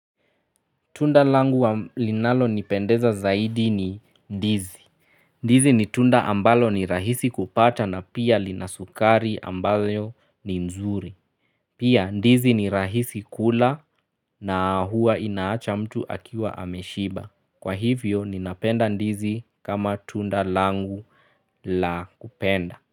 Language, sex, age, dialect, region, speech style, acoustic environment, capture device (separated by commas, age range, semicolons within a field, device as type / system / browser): Swahili, male, 25-34, Kenya - Nairobi Sheng-influenced, Kenya, relaxed, nature, mobile / Linux / Chrome